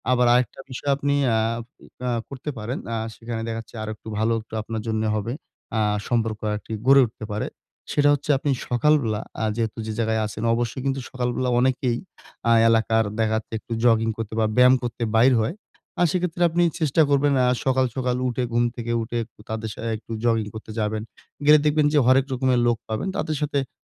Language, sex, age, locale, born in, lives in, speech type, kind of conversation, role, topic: Bengali, male, 20-24, bn-BD, Bangladesh, Bangladesh, conversation, advice, advisor, নতুন শহরে কীভাবে সামাজিক সহায়তা নেটওয়ার্ক গড়ে তুলতে পারি?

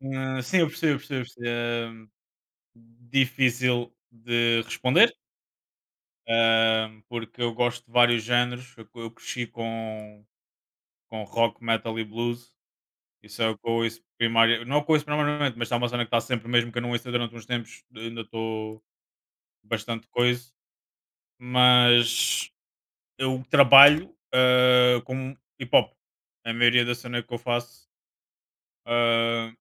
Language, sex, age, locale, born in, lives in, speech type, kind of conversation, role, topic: Portuguese, male, 25-29, pt-PT, Portugal, Portugal, conversation, unstructured, none, Você tem medo de perder relevância se não acompanhar as novidades?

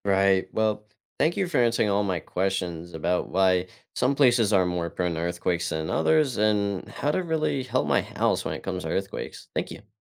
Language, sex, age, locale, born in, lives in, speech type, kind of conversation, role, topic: English, male, 18-19, en-US, United States, United States, read, expert-assistant, asker, Why are some places more prone to earthquakes?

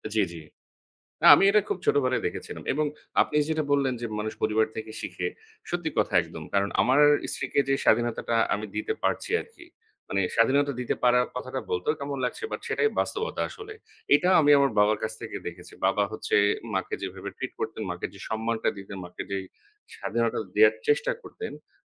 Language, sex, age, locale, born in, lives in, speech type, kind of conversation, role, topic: Bengali, male, 30-34, bn-BD, Bangladesh, Bangladesh, conversation, unstructured, none, আপনার জীবনে কোন অভিজ্ঞতা আপনাকে সবচেয়ে বেশি বদলে দিয়েছে?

